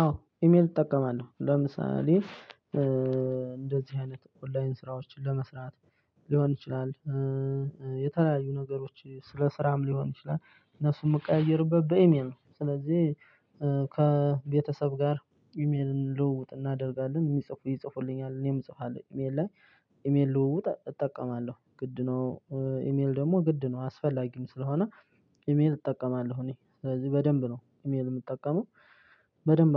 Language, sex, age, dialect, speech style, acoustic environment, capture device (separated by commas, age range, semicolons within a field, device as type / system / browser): Amharic, male, 25-34, Ethiopia - Addis Ababa, excited, silence, desktop / Linux / Chrome